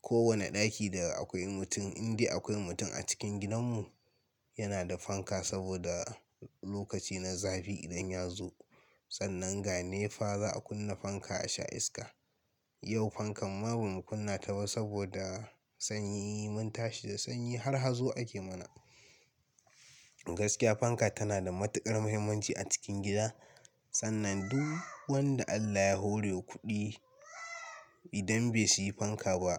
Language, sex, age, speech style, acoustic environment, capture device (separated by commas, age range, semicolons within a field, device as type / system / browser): Hausa, male, 18-24, relaxed, nature, mobile / Linux / Chrome